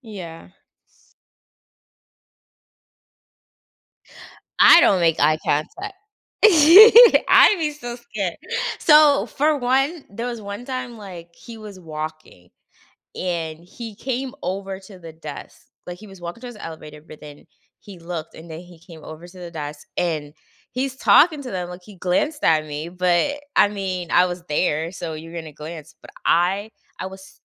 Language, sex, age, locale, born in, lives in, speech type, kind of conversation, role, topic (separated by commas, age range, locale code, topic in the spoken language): English, female, 30-34, en-US, United States, United States, conversation, advice, user, How can i confidently ask someone out on a date for the first time?